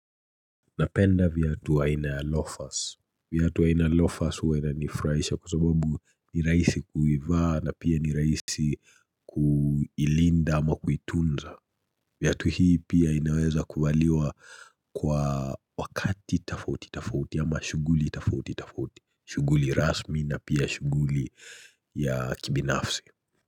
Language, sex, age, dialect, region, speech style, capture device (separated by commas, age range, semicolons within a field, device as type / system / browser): Swahili, male, 25-34, Kenya - Nairobi Sheng-influenced, Kenya, anxious, mobile / Linux / Chrome